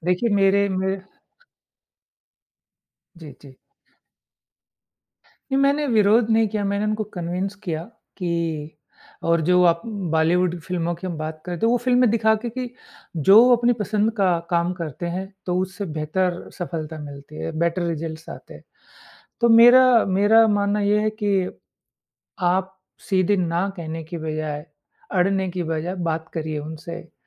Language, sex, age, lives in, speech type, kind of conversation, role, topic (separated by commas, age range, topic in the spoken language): Hindi, male, 40-44, India, conversation, podcast, guest, Parents ki expectations se cope karne ke liye aap kya tips doge?